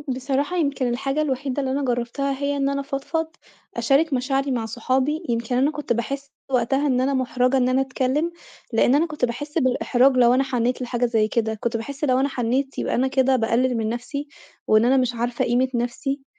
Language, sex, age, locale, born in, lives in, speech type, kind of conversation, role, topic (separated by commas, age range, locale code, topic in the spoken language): Arabic, female, 25-29, ar-EG, Egypt, Italy, conversation, advice, user, إزاي أتعامل مع ذكرى الانفصال اللي بتوجعني وبتخلّيني حزين؟